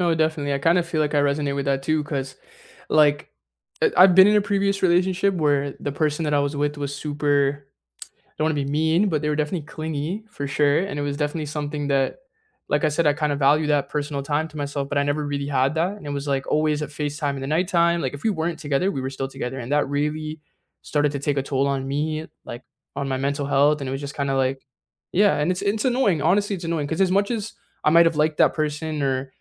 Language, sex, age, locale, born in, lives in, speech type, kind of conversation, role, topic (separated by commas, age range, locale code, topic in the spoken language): English, male, 20-24, en-CA, Canada, Canada, conversation, unstructured, none, How do you balance independence and togetherness in relationships?